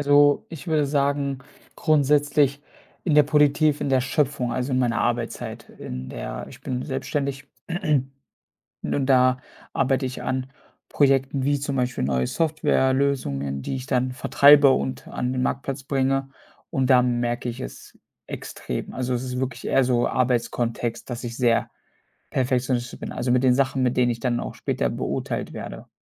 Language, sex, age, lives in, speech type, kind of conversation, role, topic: German, male, 25-29, Germany, conversation, advice, user, Wie verhindert Perfektionismus deinen Fortschritt?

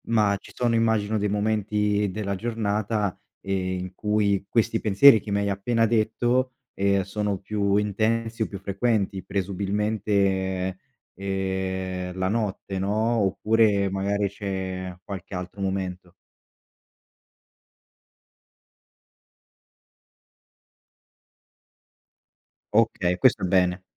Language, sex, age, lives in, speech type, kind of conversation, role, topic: Italian, male, 25-29, Italy, conversation, advice, advisor, Come descriveresti la perdita di senso che provi dopo la fine di una relazione?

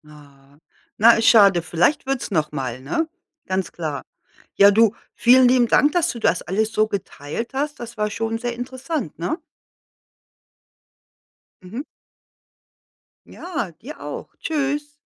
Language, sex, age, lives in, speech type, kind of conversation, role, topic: German, female, 55-59, United States, conversation, podcast, host, Was schätzt du an kleinen Clubs gegenüber großen Arenen?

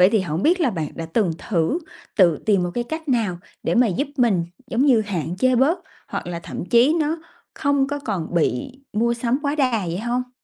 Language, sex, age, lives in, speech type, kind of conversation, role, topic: Vietnamese, female, 30-34, Vietnam, conversation, advice, advisor, Làm sao để tránh mua sắm theo cảm xúc gây lãng phí?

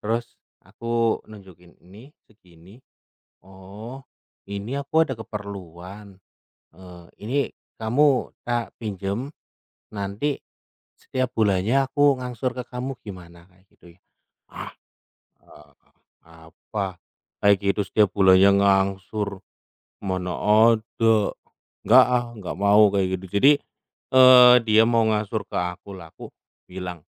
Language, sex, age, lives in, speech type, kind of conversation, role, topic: Indonesian, male, 30-34, Indonesia, conversation, unstructured, none, Bagaimana kamu menyikapi orang yang sering meminjam uang tetapi tidak mau mengembalikannya?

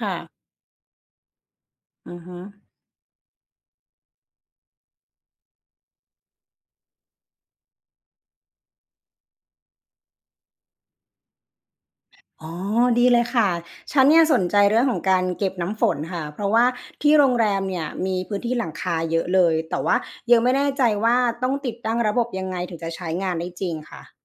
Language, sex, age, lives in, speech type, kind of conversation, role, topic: Thai, female, 35-39, Thailand, read, expert-assistant, asker, อะไรเป็นปัจจัยสำคัญที่ทำให้เกิดภาวะขาดแคลนน้ำในบางพื้นที่ของโลก ทั้งที่โลกมีน้ำอยู่มาก? (What causes water scarcity in some parts of the world, even though there is so much water overall?)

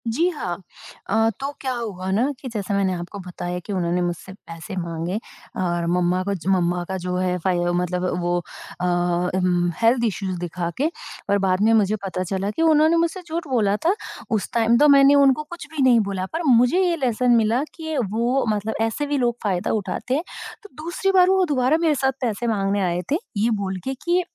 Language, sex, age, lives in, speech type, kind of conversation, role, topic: Hindi, female, 30-34, India, conversation, podcast, guest, Tumne 'no' kehna kaise seekha, aur koi practical tips de sakte ho?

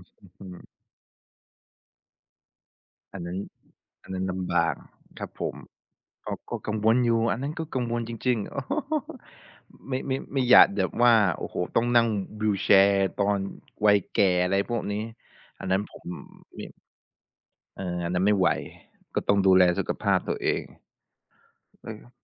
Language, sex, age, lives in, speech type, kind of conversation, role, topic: Thai, male, 25-29, Thailand, conversation, podcast, guest, คุณมีวิธีลดการเล่นโทรศัพท์มือถือยังไงบ้าง?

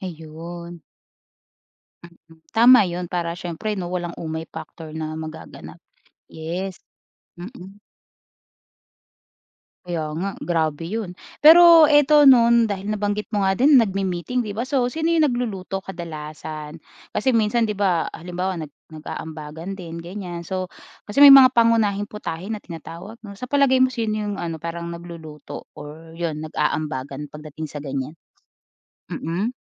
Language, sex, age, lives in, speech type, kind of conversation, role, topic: Filipino, female, 25-29, Philippines, conversation, podcast, host, Paano ninyo pinaghahandaan bilang komunidad ang Pasko o Noche Buena?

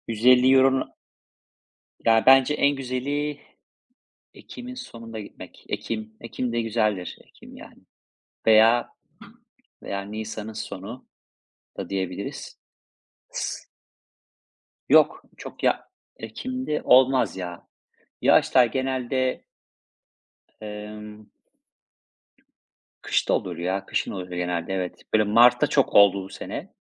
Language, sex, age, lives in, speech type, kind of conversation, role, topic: Turkish, male, 35-39, Spain, conversation, unstructured, none, Tatil planlarken nelere dikkat edersin?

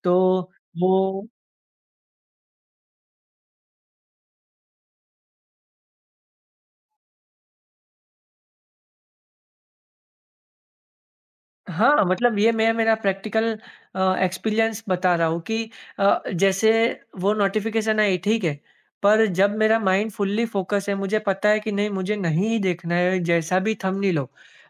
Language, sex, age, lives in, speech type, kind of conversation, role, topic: Hindi, male, 25-29, India, conversation, podcast, guest, Digital detox aapne kabhi kiya hai, aur aapka experience kaisa raha?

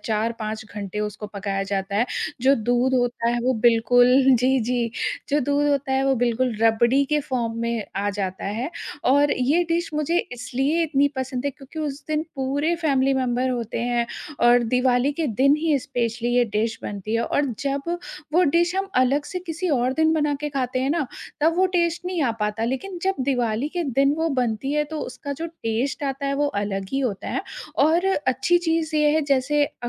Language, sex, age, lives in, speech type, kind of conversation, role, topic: Hindi, female, 30-34, India, conversation, podcast, guest, कौन-सी खाने की डिश तुम्हें अपनी जड़ों की याद दिलाती है?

